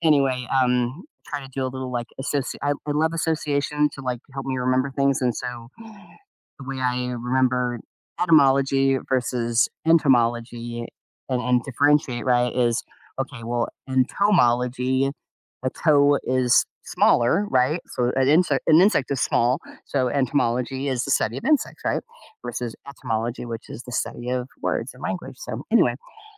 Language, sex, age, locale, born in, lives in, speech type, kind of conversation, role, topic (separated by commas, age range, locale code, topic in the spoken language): English, female, 55-59, en-US, United States, United States, conversation, advice, user, How can I maintain and deepen the joy I feel from reconnecting with nature?